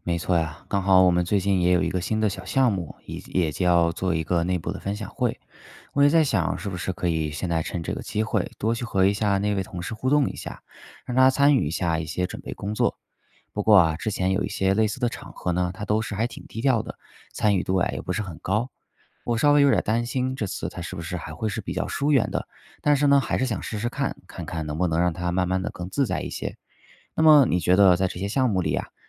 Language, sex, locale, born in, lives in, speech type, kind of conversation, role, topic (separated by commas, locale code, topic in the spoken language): Chinese, male, zh-CN, China, United States, read, expert-assistant, asker, 团队合作中，信任和沟通哪个更重要？